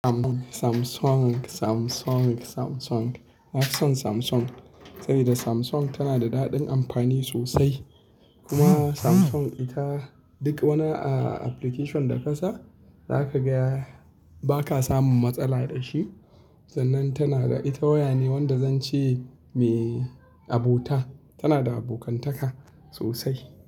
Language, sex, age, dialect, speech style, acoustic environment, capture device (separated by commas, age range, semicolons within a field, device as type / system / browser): Hausa, male, 25-34, Nigeria - Kano, happy; anxious; relaxed; tired; stressed; focused; excited; nervous, people talking; music; nature; silence; traffic; appliances; construction, mobile / Linux / Chrome